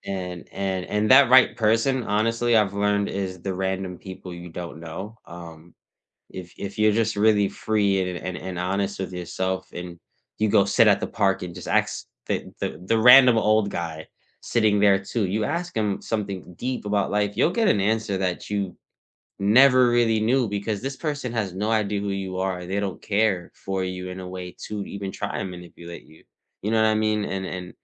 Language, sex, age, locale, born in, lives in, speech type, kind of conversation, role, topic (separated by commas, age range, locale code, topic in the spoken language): English, male, 30-34, en-US, United States, United States, conversation, unstructured, none, What is one way your approach to learning has changed over time?